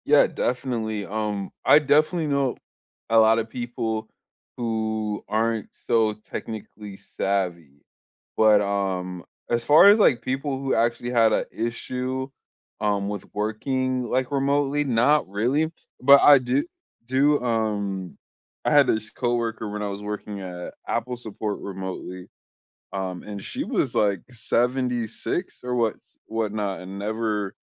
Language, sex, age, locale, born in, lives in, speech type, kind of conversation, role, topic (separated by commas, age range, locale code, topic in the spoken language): English, male, 25-29, en-US, United States, United States, conversation, unstructured, none, When has technology made your day unexpectedly easier?